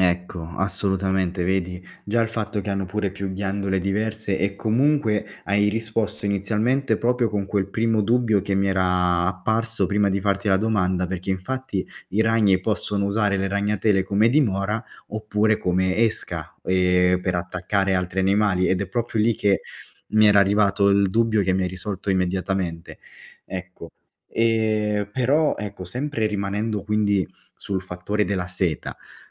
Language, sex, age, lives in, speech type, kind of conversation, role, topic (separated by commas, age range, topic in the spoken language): Italian, male, 25-29, Italy, read, expert-assistant, asker, Come fanno i ragni a tessere le loro ragnatele?